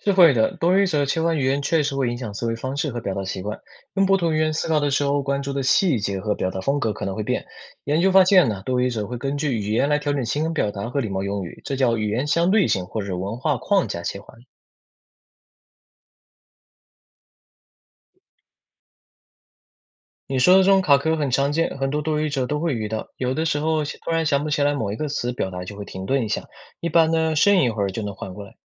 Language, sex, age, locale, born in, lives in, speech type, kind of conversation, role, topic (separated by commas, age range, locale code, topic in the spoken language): Chinese, male, 20-24, zh-CN, China, United States, read, expert-assistant, expert, “母语”是什么意思？如何判断自己的母语是什么？